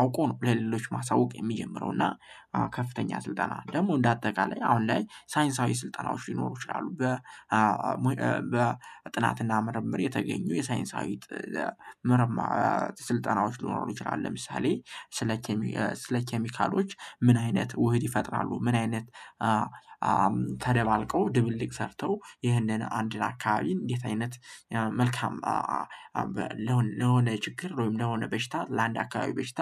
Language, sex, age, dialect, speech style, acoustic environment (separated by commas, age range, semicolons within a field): Amharic, male, 18-24, Ethiopia - Gojjam, happy, people talking; nature; traffic